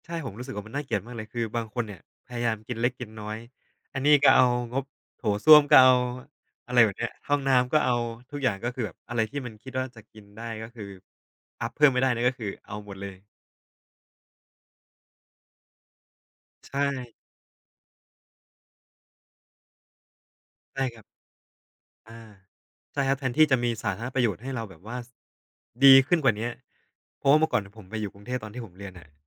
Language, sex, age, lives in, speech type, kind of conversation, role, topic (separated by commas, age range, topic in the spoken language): Thai, male, 25-29, Thailand, conversation, unstructured, none, การคอร์รัปชันในรัฐบาลส่งผลกระทบต่อชีวิตประจำวันของเราอย่างไร?